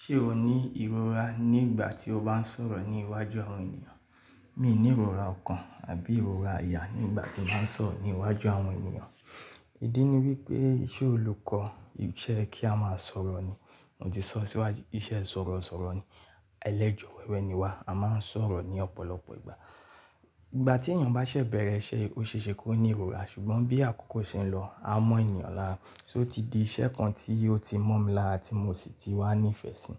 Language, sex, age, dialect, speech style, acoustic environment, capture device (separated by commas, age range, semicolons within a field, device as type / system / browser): Yoruba, male, 25-34, Nigeria - Ibadan, focused, silence, mobile / Linux / Chrome